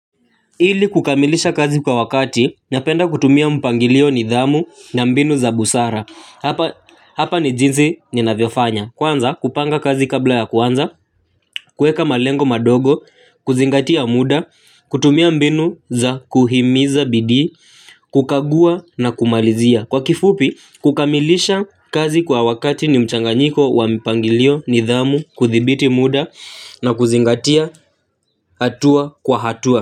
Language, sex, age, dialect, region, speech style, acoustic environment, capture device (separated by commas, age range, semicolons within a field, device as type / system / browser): Swahili, male, 18-24, Kenya - Nairobi Sheng-influenced, Kenya, happy, nature; people talking, mobile / Linux / Chrome